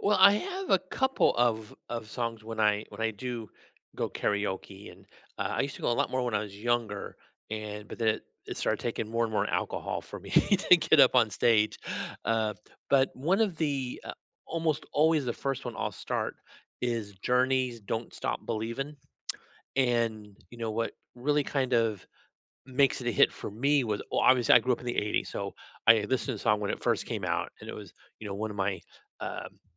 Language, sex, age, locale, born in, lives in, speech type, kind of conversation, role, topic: English, male, 50-54, en-US, United States, United States, conversation, unstructured, none, Which karaoke songs are your go-to guaranteed hits, and what memories or reasons make them your favorites?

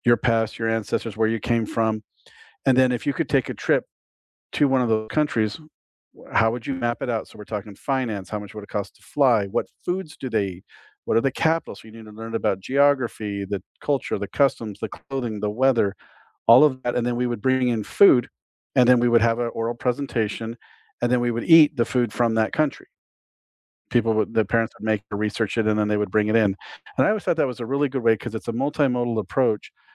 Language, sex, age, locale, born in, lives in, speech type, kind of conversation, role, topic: English, male, 50-54, en-US, United States, United States, conversation, unstructured, none, Should schools focus more on testing or on creativity?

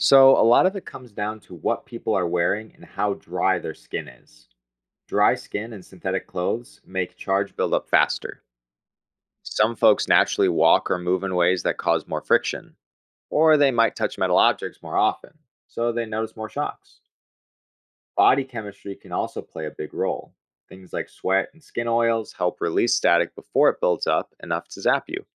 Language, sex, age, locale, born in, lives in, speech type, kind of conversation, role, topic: English, male, 25-29, en-US, United States, United States, read, expert-assistant, expert, What is static electricity and how does it form?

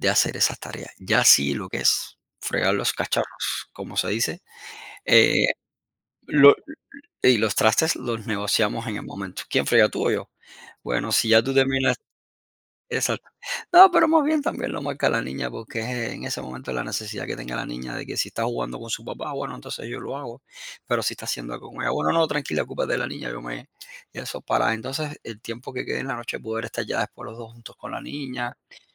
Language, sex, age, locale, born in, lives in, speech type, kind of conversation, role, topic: Spanish, male, 40-44, es-CU, Cuba, United States, conversation, podcast, guest, ¿Cómo negocias las responsabilidades del hogar con tu pareja?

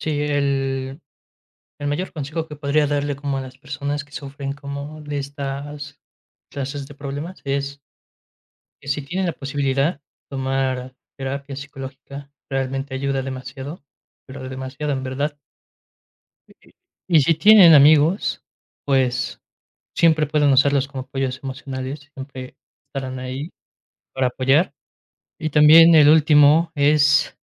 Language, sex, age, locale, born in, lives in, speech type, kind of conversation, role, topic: Spanish, male, 20-24, es-MX, Mexico, Mexico, conversation, podcast, guest, ¿Cómo aprendes de las decisiones que no salieron bien?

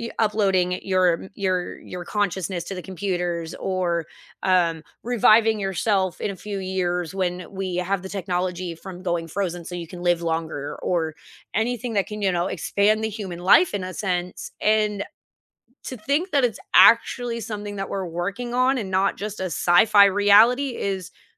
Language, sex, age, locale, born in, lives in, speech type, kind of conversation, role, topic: English, female, 30-34, en-US, United States, United States, conversation, unstructured, none, What emerging technology are you curious about but haven’t tried yet?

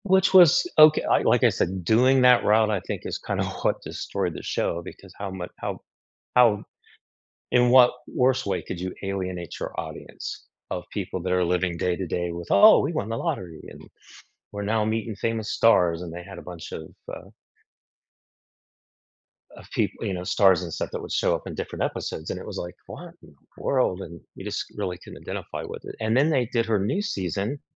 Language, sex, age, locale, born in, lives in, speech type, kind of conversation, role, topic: English, male, 55-59, en-US, United States, United States, conversation, unstructured, none, Which guilty-pleasure TV shows do you secretly love, and why are they so comforting?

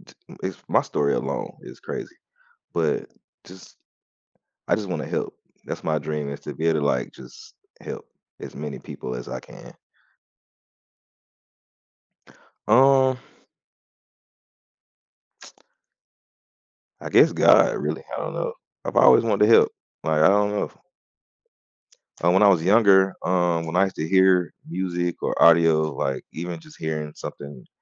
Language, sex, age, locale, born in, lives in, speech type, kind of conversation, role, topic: English, male, 30-34, en-US, United States, United States, conversation, unstructured, none, How has your dream job changed as you've grown, and what inspired those changes?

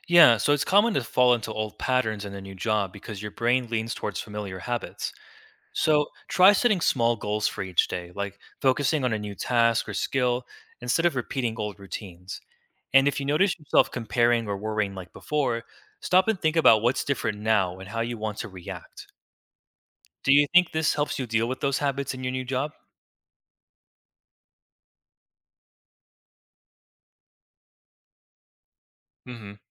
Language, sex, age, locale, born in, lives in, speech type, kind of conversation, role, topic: English, male, 20-24, en-US, United States, United States, read, expert-assistant, expert, Why is it important to accept change in life?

